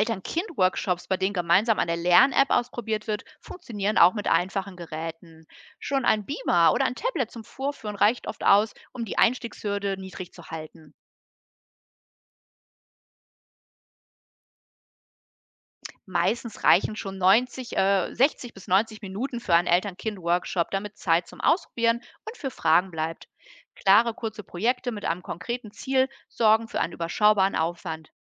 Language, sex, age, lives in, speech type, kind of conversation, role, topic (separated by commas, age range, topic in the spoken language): German, female, 45-49, Germany, read, expert-assistant, expert, Wie kann ich digitale Tools im Schulalltag planen, damit sie wirklich nützlich sind?